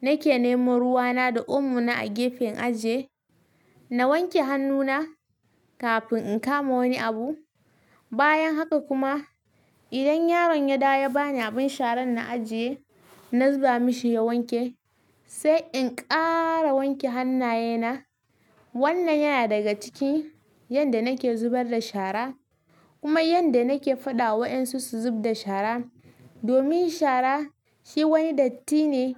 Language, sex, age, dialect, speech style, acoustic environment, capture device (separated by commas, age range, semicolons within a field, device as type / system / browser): Hausa, female, 18-24, Nigeria - Kano, excited, silence, mobile / Linux / Chrome